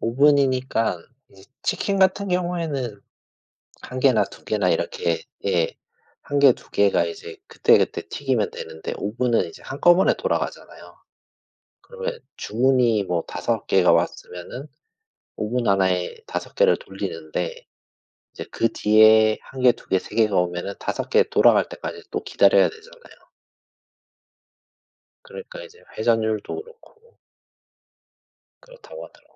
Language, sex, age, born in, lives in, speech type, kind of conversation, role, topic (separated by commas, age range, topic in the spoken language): Korean, male, 40-44, South Korea, Japan, conversation, unstructured, none, 피자와 햄버거 중 어떤 음식을 더 좋아하시나요?